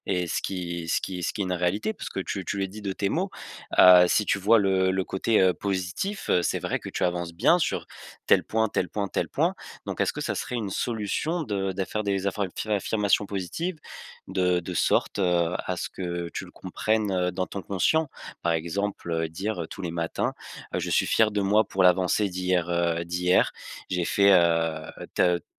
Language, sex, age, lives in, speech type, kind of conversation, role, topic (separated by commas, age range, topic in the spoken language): French, male, 20-24, France, conversation, advice, advisor, Comment puis-je mieux célébrer mes petites victoires et mes progrès au quotidien ?